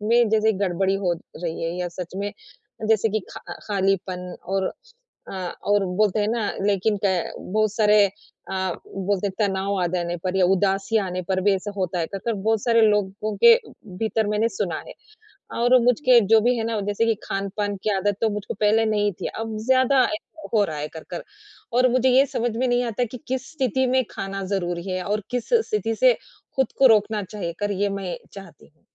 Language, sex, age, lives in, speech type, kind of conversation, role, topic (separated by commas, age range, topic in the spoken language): Hindi, female, 40-44, India, conversation, advice, user, मैं कैसे पहचानूँ कि मेरी भूख सचमुच की है या सिर्फ आदत, उत्साह, या भावनाओं के कारण है?